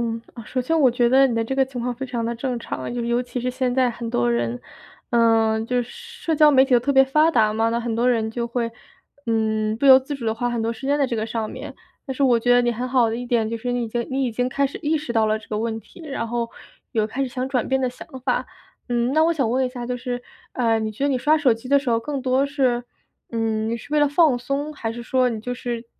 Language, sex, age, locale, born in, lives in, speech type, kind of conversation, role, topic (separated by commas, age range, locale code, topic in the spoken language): Chinese, female, 25-29, zh-CN, China, United States, conversation, advice, advisor, 如何在临睡前摆脱刷屏习惯